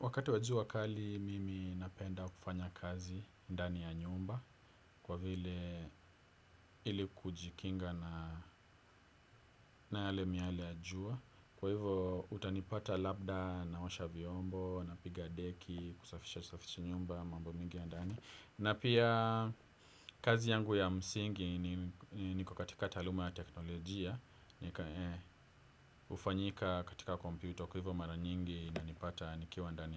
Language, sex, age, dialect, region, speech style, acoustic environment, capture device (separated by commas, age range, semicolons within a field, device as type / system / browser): Swahili, male, 25-34, Kenya - Nairobi Sheng-influenced, Kenya, focused; relaxed, silence, desktop / Windows / Edge